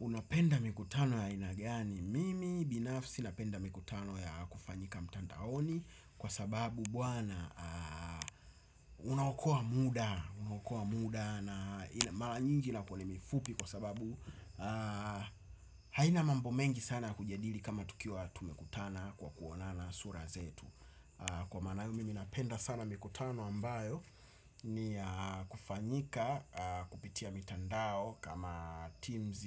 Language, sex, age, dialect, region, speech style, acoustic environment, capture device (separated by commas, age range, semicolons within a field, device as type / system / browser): Swahili, male, 25-34, Tanzania - Dar es Salaam, Tanzania, excited, traffic; nature, desktop / Windows / Chrome